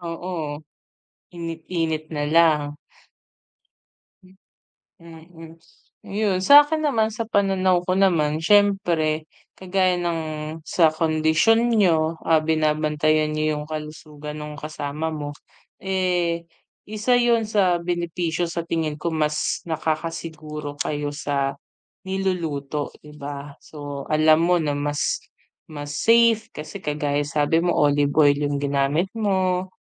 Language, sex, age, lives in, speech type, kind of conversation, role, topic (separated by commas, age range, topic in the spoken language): Filipino, female, 30-34, Philippines, conversation, unstructured, none, Mas gusto mo bang magluto sa bahay o kumain sa labas?